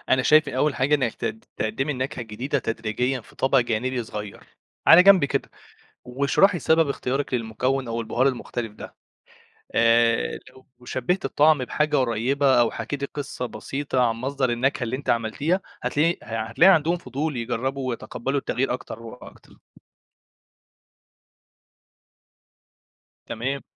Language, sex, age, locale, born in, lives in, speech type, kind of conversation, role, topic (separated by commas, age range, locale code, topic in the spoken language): Arabic, male, 20-24, ar-EG, Egypt, Egypt, read, expert-assistant, expert, إزاي أطور مهاراتي في الطبخ عشان أقدر أبتكر وصفاتي الخاصة وأفهم التوليف بين النكهات؟